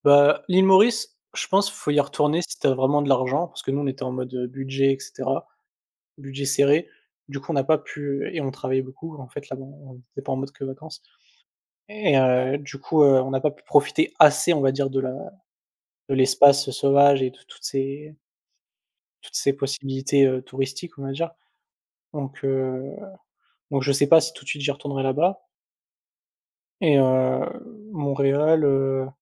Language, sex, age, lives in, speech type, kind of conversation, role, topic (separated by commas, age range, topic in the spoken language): French, male, 20-24, France, conversation, unstructured, none, Quel voyage t’a le plus marqué émotionnellement ?